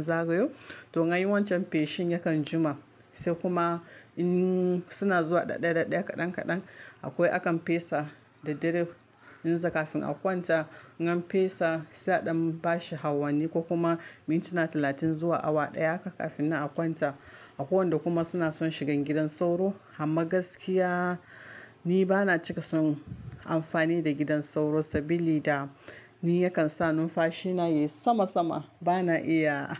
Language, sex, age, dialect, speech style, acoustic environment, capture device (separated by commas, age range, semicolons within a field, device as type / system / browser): Hausa, female, 25-34, Nigeria - Kano, focused; relaxed, silence, mobile / Linux / Chrome